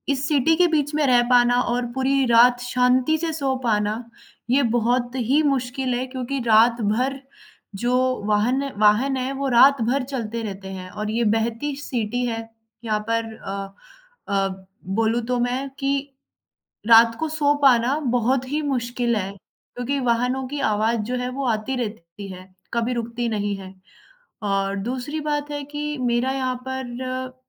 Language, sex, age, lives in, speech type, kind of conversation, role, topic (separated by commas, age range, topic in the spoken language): Hindi, female, 20-24, India, conversation, advice, user, शहर बदलने के बाद आप नई ज़िंदगी में कैसे ढल रहे हैं?